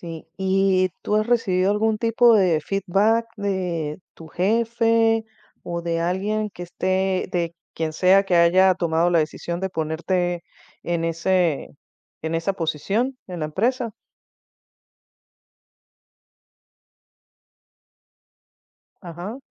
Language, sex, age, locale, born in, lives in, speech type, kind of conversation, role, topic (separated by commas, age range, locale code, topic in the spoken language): Spanish, female, 50-54, es-VE, Venezuela, United States, conversation, advice, advisor, ¿Cómo has experimentado el síndrome del impostor al liderar a tu equipo?